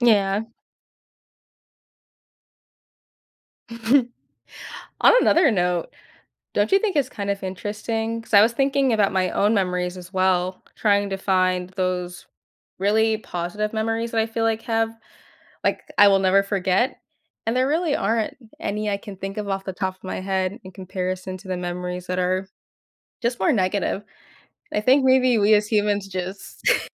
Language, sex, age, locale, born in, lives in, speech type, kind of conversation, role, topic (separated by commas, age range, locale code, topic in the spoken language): English, female, 20-24, en-US, United States, United States, conversation, podcast, host, How do childhood memories shape who we become as adults?